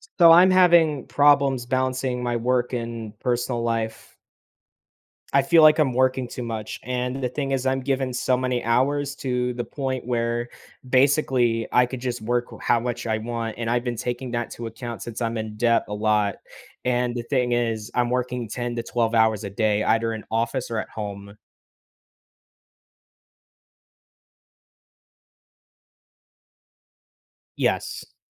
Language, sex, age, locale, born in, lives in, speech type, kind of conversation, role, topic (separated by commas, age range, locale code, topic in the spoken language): English, male, 18-19, en-US, United States, United States, conversation, advice, user, How can I reduce stress and set boundaries between work and personal life?